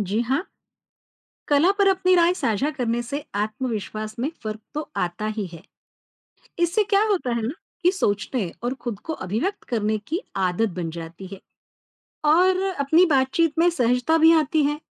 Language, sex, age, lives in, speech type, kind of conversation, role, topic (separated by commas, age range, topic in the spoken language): Hindi, female, 45-49, India, read, expert-assistant, expert, कला पर अपनी राय खुलकर रखने से आत्मविश्वास कैसे बढ़ा सकता हूँ?